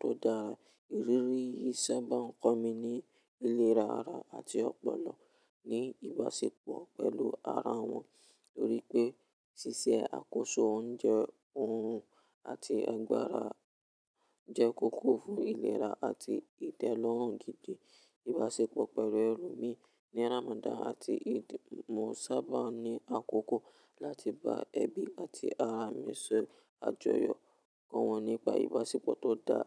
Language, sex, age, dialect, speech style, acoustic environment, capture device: Yoruba, male, 18-24, Nigeria - Ibadan, relaxed; focused, nature, mobile / Linux / Chrome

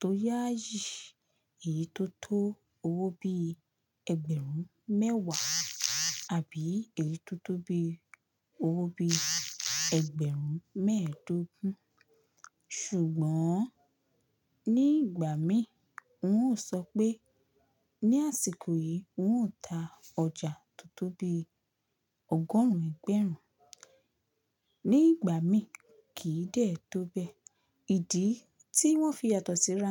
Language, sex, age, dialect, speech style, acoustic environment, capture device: Yoruba, female, 18-24, Nigeria - Lagos Yoruba, relaxed; focused, silence, mobile / Linux / Chrome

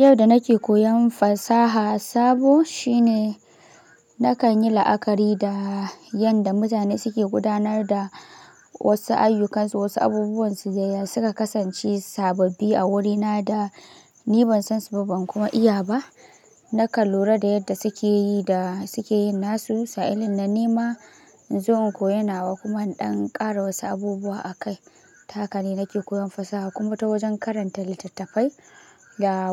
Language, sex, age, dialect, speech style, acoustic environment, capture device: Hausa, male, 18-24, Nigeria - Kano, happy; relaxed; focused; excited, nature; silence, mobile / Linux / Chrome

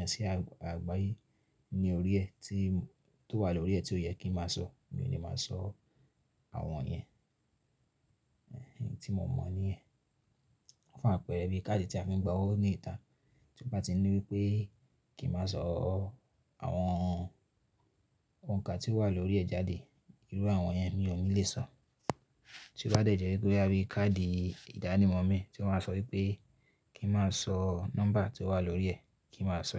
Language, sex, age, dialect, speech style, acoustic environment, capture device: Yoruba, male, 25-34, Nigeria - Ibadan, focused; relaxed, silence, mobile / Linux / Chrome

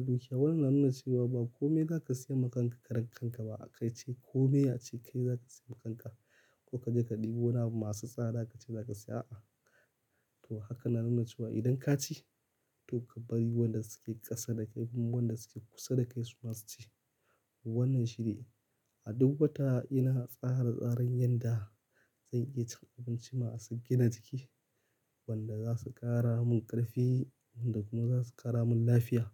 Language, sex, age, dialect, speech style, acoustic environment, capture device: Hausa, male, 25-34, Nigeria - Kano, happy; excited; focused, silence; nature, mobile / Linux / Chrome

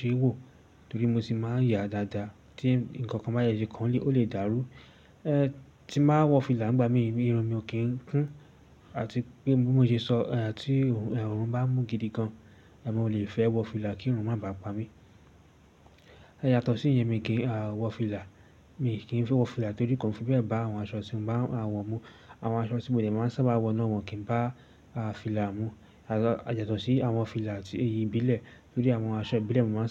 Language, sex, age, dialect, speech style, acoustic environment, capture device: Yoruba, male, 18-24, Nigeria - Lagos Yoruba, excited, silence, desktop / Linux / Chrome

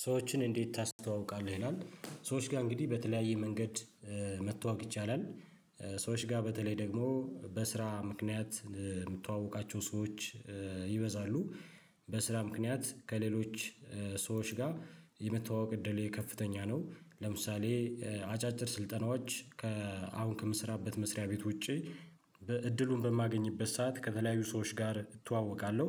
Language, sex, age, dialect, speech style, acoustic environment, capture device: Amharic, male, 35-44, Ethiopia - Addis Ababa, happy; relaxed, silence, mobile / Linux / Chrome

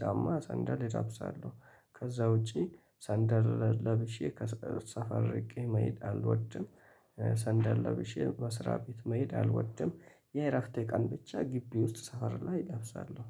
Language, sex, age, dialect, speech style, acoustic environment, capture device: Amharic, male, 25-34, Ethiopia - Addis Ababa, happy; excited; relaxed, people talking; nature, mobile / Linux / Chrome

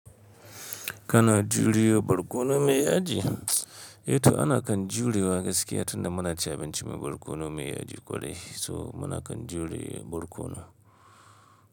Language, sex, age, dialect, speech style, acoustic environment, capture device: Hausa, male, 25-34, Nigeria - Kano, stressed, silence, mobile / Linux / Chrome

